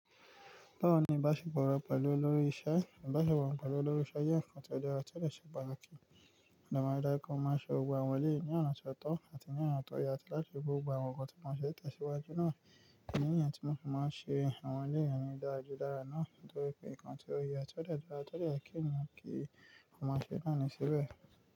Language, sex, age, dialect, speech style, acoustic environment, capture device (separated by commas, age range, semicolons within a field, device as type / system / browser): Yoruba, male, 25-34, Nigeria - Nigerian Standard, focused, nature, mobile / Linux / Chrome